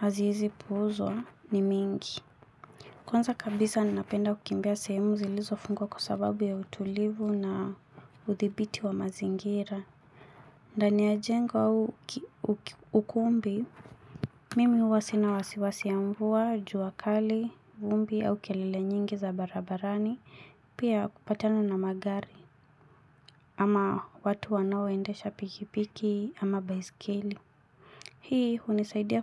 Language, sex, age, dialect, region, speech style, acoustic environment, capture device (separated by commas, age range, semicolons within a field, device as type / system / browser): Swahili, female, 25-34, Kenya - Mombasa, Kenya, relaxed, silence, mobile / Linux / Chrome